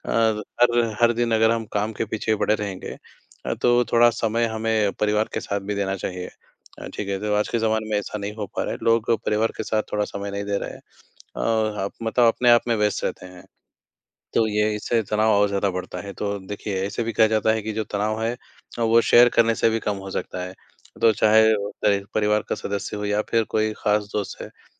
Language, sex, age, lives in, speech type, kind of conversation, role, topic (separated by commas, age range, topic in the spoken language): Hindi, male, 20-24, India, conversation, unstructured, none, क्या नई चीज़ें सीखना आपके लिए तनाव कम करने का एक तरीका है?